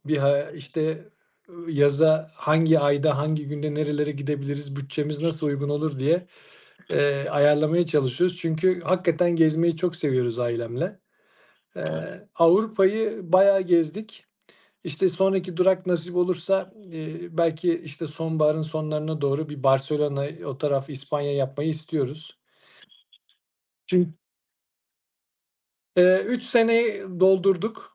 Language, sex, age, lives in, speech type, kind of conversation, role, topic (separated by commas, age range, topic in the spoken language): Turkish, male, 35-39, Austria, conversation, unstructured, none, Doğada en çok hangi mevsimi seviyorsun?